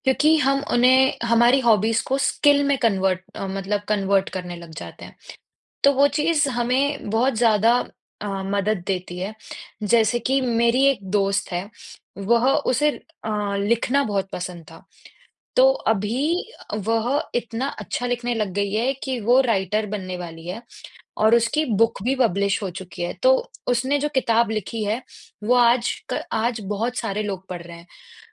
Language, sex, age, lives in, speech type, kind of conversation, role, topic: Hindi, female, 20-24, India, conversation, unstructured, none, हॉबी सीखने से आपके जीवन में क्या बदलाव आए हैं?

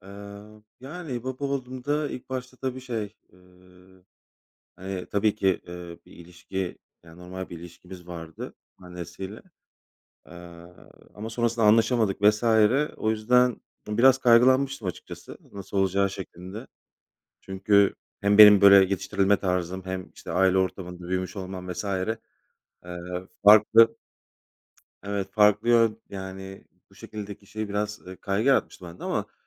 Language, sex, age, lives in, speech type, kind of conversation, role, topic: Turkish, male, 35-39, Poland, conversation, unstructured, none, Hayatını değiştiren bir deneyimi anlatır mısın?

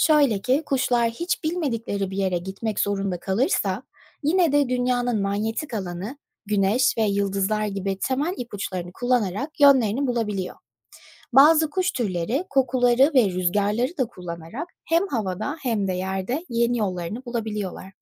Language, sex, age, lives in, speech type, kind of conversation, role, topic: Turkish, female, 25-29, United States, read, expert-assistant, expert, Kuşlar nasıl yön bulur?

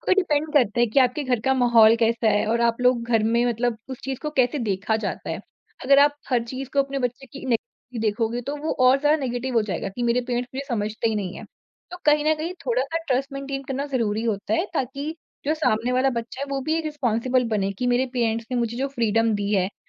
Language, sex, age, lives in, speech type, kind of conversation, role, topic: Hindi, female, 40-44, India, conversation, podcast, guest, Tum parents ki expectations aur apni khushi ke beech balance kaise banate ho?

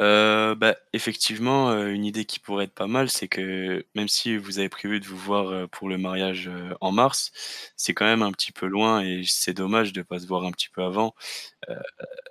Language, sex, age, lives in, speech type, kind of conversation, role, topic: French, male, 20-24, France, conversation, advice, advisor, Comment gérer le ressentiment et la rancœur envers un ami qui m’a déçu sans tout gâcher ?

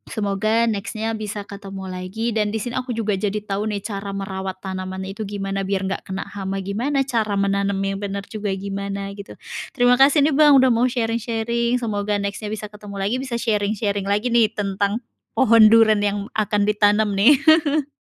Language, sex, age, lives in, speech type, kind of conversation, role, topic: Indonesian, female, 30-34, Indonesia, conversation, podcast, host, Bagaimana pengalamanmu merawat tanaman di rumah?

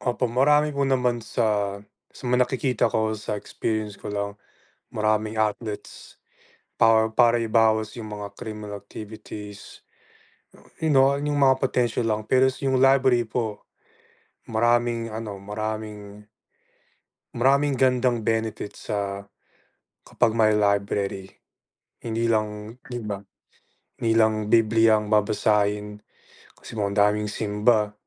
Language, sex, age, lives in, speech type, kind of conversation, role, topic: Filipino, male, 25-29, United States, conversation, unstructured, none, Ano ang dapat gawin upang mabawasan ang krimen sa ating mga barangay?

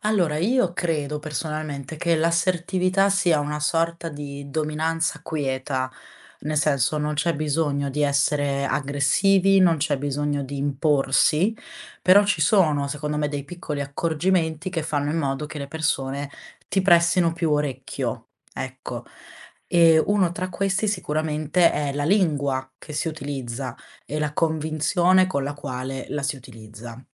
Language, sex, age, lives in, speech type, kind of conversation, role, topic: Italian, female, 20-24, Italy, conversation, podcast, guest, Qual è il primo piccolo passo che posso fare per diventare più assertivo?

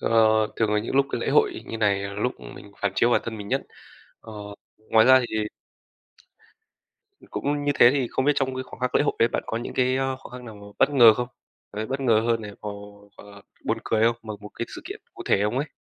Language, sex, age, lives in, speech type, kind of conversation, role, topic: Vietnamese, male, 20-24, Vietnam, conversation, podcast, host, Bạn có thể kể về một lễ hội địa phương mà bạn từng tham gia không?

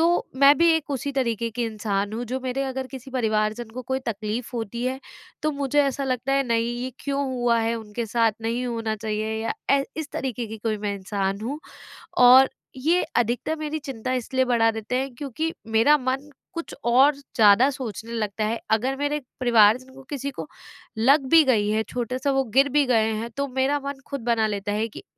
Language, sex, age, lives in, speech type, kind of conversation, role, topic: Hindi, female, 25-29, India, conversation, advice, user, मैं दुनिया की घटनाओं से होने वाली चिंता को कम करके दैनिक जीवन में भावनात्मक स्थिरता कैसे बनाए रखूँ?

